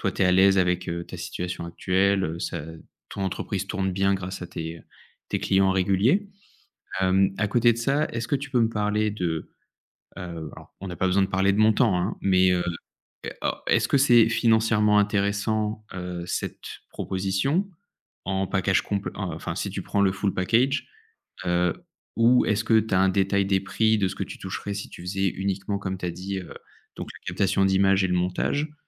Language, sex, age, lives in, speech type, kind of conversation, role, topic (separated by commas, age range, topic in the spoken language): French, male, 30-34, France, conversation, advice, advisor, Quelle grande décision devez-vous prendre face à des options incertaines ?